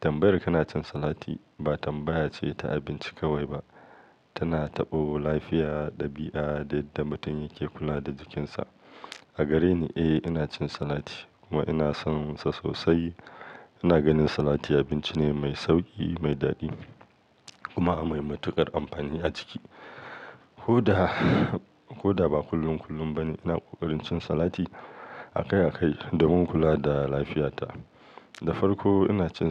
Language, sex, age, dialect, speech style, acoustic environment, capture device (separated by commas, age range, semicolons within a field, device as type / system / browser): Hausa, male, 35-44, Nigeria - Kano, focused; relaxed, silence; nature, mobile / Linux / Chrome